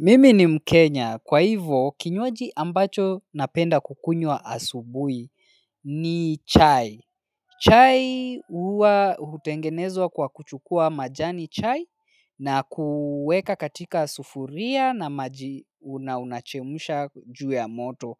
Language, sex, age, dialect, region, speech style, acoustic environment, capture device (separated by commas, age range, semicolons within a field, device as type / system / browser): Swahili, male, 25-34, Kenya - Nairobi Sheng-influenced, Kenya, focused; relaxed, silence, mobile / Linux / Chrome